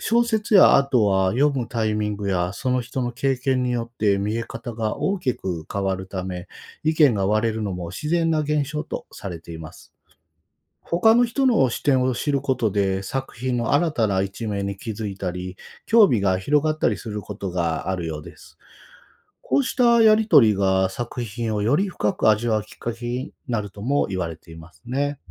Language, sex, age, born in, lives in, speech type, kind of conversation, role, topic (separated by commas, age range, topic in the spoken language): Japanese, male, 45-49, Japan, Japan, read, expert-assistant, expert, 抽象画はどのように楽しみ、感想をシェアすれば盛り上がりますか？